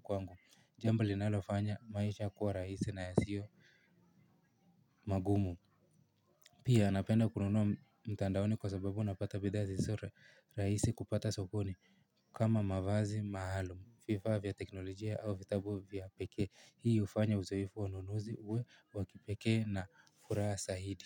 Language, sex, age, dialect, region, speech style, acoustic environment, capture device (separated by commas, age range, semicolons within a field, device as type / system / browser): Swahili, male, 18-24, Kenya - Nairobi Sheng-influenced, Kenya, happy, people talking, mobile / Linux / Chrome